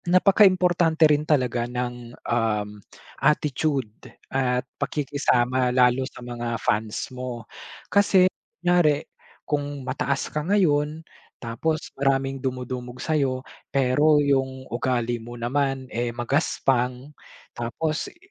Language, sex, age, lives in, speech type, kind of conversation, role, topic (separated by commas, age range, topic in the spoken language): Filipino, male, 25-29, Philippines, conversation, podcast, guest, Paano mo mailalarawan ang epekto ng midyang panlipunan sa musika?